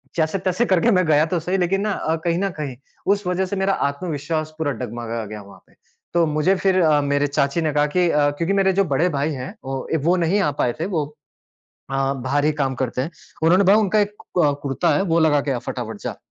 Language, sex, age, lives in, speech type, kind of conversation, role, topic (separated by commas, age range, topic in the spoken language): Hindi, male, 30-34, India, conversation, podcast, guest, क्या आपको कभी किसी पारंपरिक पहनावे को पहनकर आत्मविश्वास मिला है?